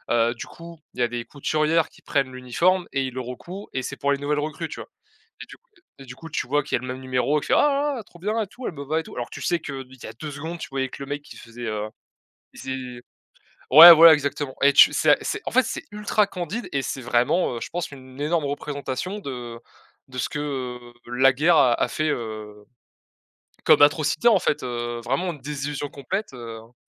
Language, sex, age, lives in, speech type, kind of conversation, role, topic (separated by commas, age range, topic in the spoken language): French, male, 20-24, France, conversation, unstructured, none, Qu’est-ce qui te fait préférer un genre de film plutôt qu’un autre ?